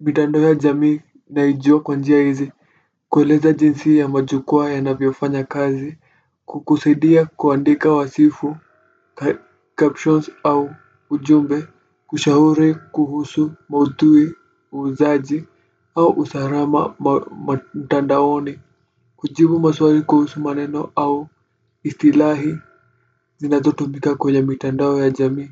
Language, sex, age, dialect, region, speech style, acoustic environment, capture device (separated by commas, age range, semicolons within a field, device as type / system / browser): Swahili, male, 18-24, Kenya - Nairobi Sheng-influenced, Kenya, relaxed, nature; silence, desktop / Windows / Firefox